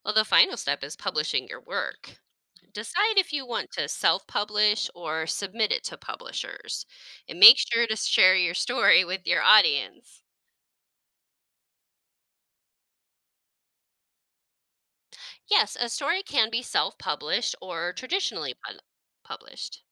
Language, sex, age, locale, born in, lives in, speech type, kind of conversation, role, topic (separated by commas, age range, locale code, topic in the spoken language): English, female, 40-44, en-US, United States, United States, read, expert-assistant, expert, What are the steps involved in the creative writing process?